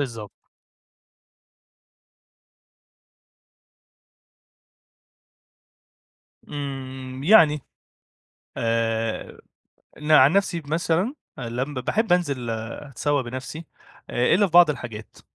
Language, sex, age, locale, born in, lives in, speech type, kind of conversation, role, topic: Arabic, male, 25-29, ar-EG, Egypt, Egypt, conversation, advice, user, إزاي أتعلم أتسوق بذكاء عشان ما أضيعش وقتي ولا فلوسي؟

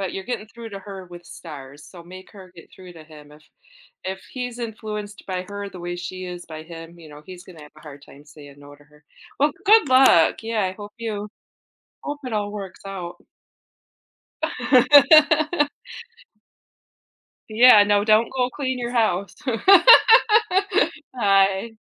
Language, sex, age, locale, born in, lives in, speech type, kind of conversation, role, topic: English, female, 45-49, en-US, United States, United States, conversation, advice, advisor, How can I address my roommate's hygiene?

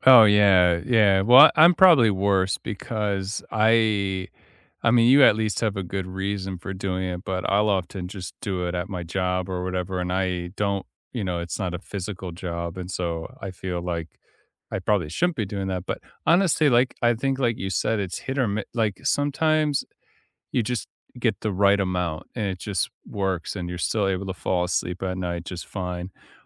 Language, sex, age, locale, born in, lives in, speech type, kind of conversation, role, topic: English, male, 30-34, en-US, United States, United States, conversation, unstructured, none, Which real bedtime rituals have actually helped you fall asleep faster, and how did you discover them?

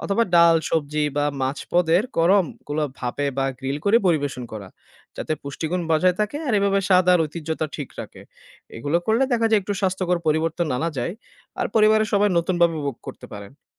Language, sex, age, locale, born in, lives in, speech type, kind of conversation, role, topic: Bengali, male, 25-29, bn-BD, Bangladesh, Bangladesh, read, expert-assistant, expert, ভিন্ন সংস্কৃতির স্বাস্থ্য-সম্পর্কিত গল্প শুনে আমরা কী কী নতুন উপায়ে নিজেদের দেখাশোনা করতে পারি?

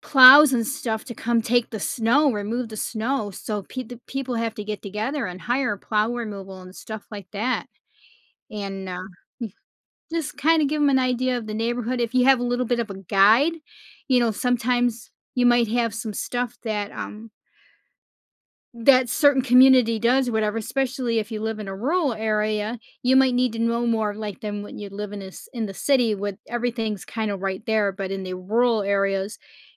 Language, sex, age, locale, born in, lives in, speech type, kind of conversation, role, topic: English, female, 55-59, en-US, United States, United States, conversation, podcast, guest, What helps new members feel at home when joining a community?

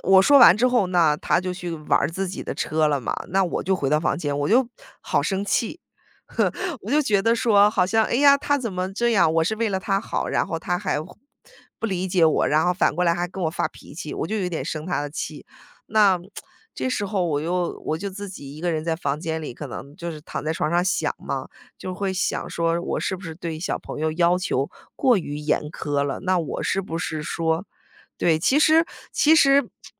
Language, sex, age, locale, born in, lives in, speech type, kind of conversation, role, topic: Chinese, female, 35-39, zh-CN, United States, United States, conversation, podcast, guest, 你要如何与自己和解？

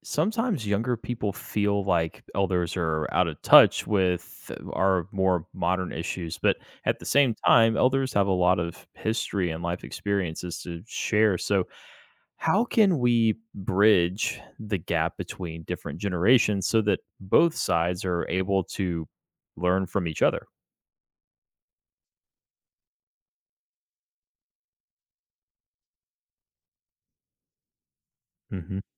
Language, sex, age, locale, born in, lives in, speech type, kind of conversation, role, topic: English, male, 25-29, en-US, United States, United States, read, expert-assistant, asker, What are the benefits of learning from elders?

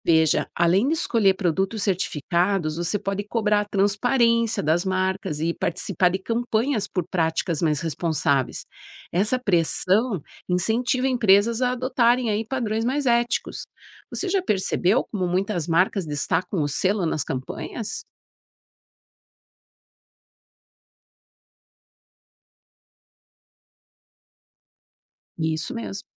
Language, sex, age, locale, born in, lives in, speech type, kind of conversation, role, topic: Portuguese, female, 50-54, pt-BR, Brazil, United States, read, expert-assistant, expert, O que significa o termo 'produção sustentável de alimentos' e por que ele está tão em alta hoje em dia?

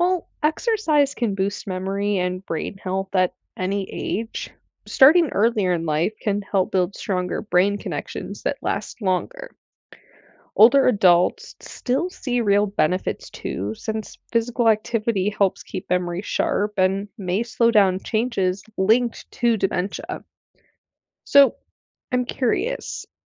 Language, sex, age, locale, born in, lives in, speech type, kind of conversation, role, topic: English, female, 20-24, en-US, United States, United States, read, expert-assistant, expert, What are the benefits of exercise on brain function?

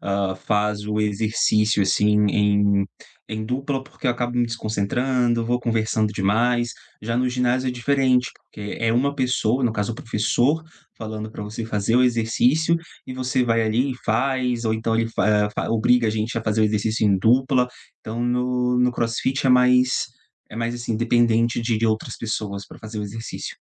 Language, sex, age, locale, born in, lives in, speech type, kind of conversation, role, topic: Portuguese, male, 30-34, pt-BR, Brazil, Portugal, conversation, advice, user, Como você perdeu a motivação depois de fazer avanços iniciais?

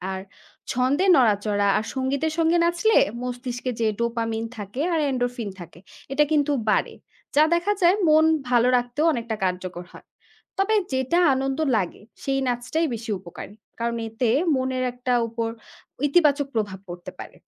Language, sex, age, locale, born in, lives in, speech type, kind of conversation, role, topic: Bengali, female, 25-29, bn-BD, Bangladesh, Bangladesh, read, expert-assistant, expert, নাচ ও সৃজনশীল কাজ কি মানসিক স্বাস্থ্যের উন্নতিতে সত্যিই সহায়ক?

